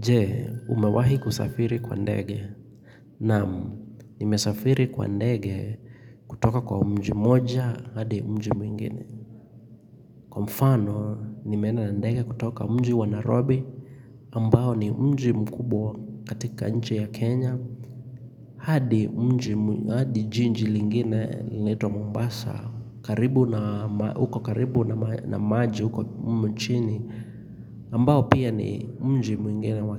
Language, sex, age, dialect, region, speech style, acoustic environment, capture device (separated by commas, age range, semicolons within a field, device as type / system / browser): Swahili, male, 25-34, Kenya - Nairobi Sheng-influenced, Kenya, happy; relaxed, silence, mobile / Linux / Chrome